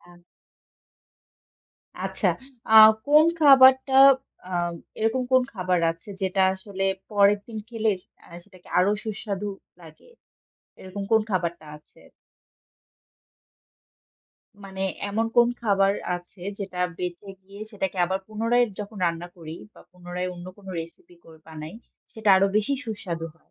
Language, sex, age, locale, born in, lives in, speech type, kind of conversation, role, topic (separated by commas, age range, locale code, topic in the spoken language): Bengali, female, 25-29, bn-BD, Bangladesh, Bangladesh, conversation, podcast, host, বাকি খাবার কীভাবে নিরাপদে রেখে আবার ব্যবহার করবেন, কিছু টিপস বলবেন?